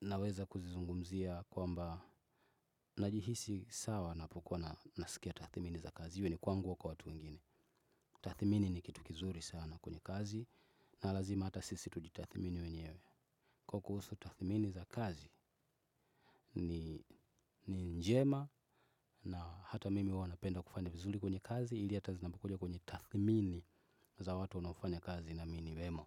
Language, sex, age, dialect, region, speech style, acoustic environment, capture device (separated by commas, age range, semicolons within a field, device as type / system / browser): Swahili, male, 25-34, Tanzania - Dar es Salaam, Tanzania, happy, music, desktop / Windows / Chrome